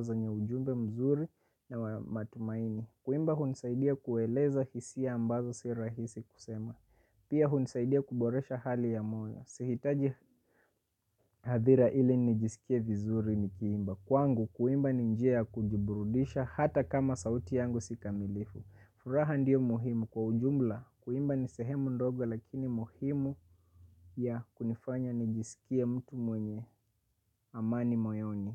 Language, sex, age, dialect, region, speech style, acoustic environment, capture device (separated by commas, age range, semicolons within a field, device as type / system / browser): Swahili, male, 25-34, Kenya - Mombasa, Kenya, relaxed, silence, mobile / Linux / Chrome